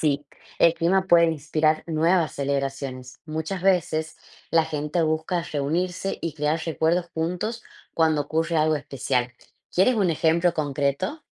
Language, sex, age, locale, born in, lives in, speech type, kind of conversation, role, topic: Spanish, female, 25-29, es-AR, Argentina, Netherlands, read, expert-assistant, expert, Imagina que puedes controlar el clima por un día en tu ciudad. ¿Qué harías y por qué?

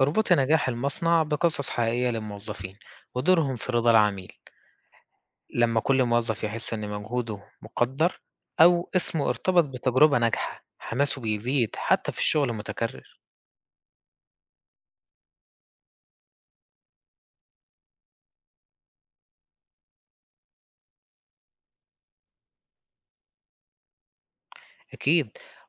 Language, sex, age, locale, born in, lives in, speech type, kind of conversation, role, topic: Arabic, male, 20-24, ar-EG, Egypt, Egypt, read, expert-assistant, expert, إزاي ممكن الشركات تلاقي طرق مختلفة علشان تحقق ميزة تنافسية بعيد عن الأسعار؟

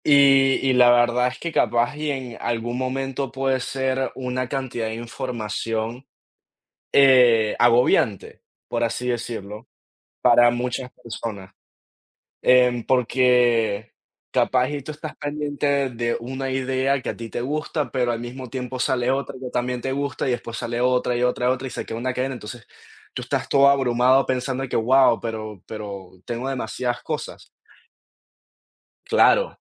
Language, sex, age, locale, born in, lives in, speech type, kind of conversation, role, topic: Spanish, male, 20-24, es-VE, Venezuela, United States, conversation, unstructured, none, ¿Crees que las redes sociales dañan la verdadera creatividad?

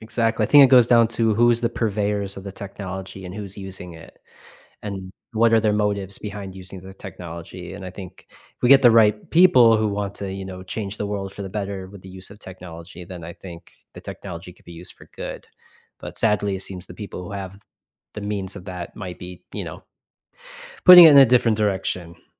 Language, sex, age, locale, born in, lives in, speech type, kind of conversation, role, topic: English, male, 35-39, en-US, United States, United States, conversation, podcast, host, In what ways have smartphones transformed our daily lives and interactions?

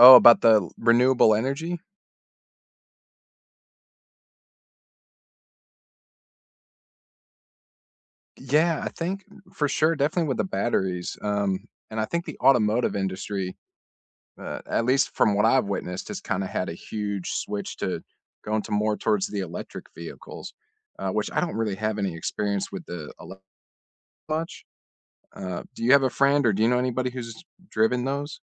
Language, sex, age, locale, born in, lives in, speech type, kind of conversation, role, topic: English, male, 35-39, en-US, United States, United States, conversation, unstructured, none, How do everyday choices and community policies shape how you care for the environment?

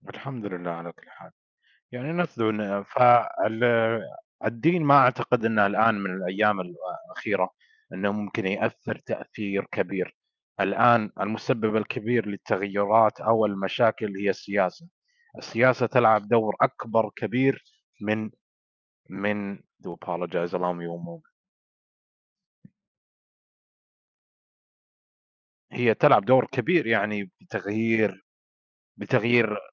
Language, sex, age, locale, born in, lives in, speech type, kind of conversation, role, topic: Arabic, male, 25-29, ar-SA, Saudi Arabia, United States, conversation, unstructured, none, هل شايف إن الدين ممكن يكون سبب في التفرقة؟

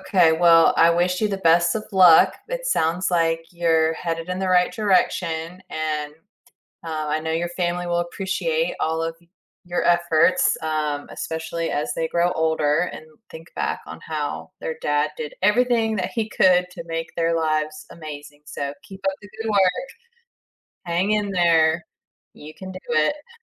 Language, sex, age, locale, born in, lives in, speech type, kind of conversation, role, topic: English, female, 35-39, en-US, United States, United States, conversation, advice, advisor, How can I balance multiple projects and avoid burnout?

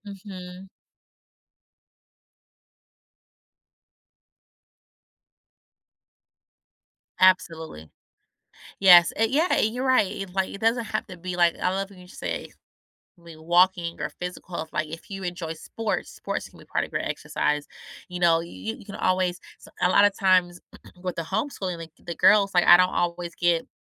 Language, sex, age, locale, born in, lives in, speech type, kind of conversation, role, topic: English, female, 35-39, en-US, United States, United States, conversation, podcast, host, In what ways can regular physical activity improve our emotional well-being?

